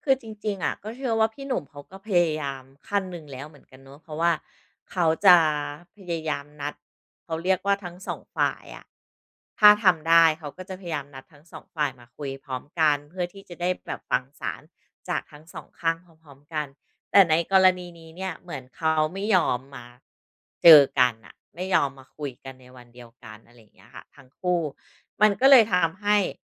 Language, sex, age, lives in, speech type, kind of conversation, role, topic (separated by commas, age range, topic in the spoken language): Thai, female, 40-44, Thailand, conversation, podcast, guest, เรื่องเล่าจากโซเชียลมีผลต่อความคิดของคุณอย่างไรบ้าง?